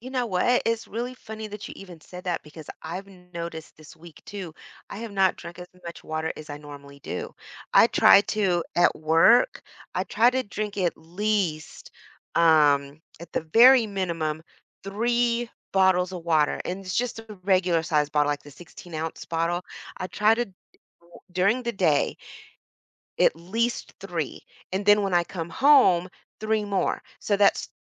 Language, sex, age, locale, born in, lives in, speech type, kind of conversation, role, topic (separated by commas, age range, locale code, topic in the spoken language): English, female, 45-49, en-US, United States, United States, conversation, unstructured, none, What little rituals make a rough morning feel more manageable for me?